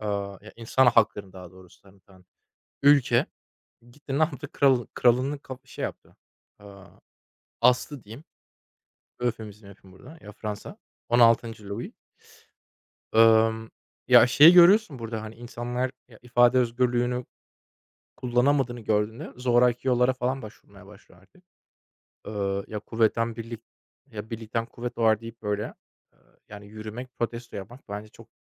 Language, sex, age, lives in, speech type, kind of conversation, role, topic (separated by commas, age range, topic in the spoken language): Turkish, male, 25-29, Germany, conversation, unstructured, none, Siyasi protestolar haklı mı?